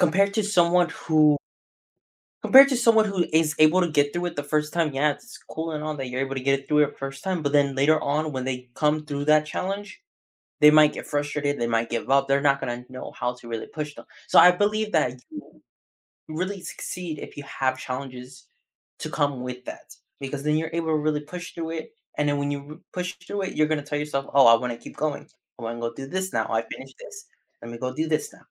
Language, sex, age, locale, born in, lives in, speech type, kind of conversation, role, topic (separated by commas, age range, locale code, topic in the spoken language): English, male, 18-19, en-US, United States, United States, conversation, podcast, guest, How do you handle setbacks?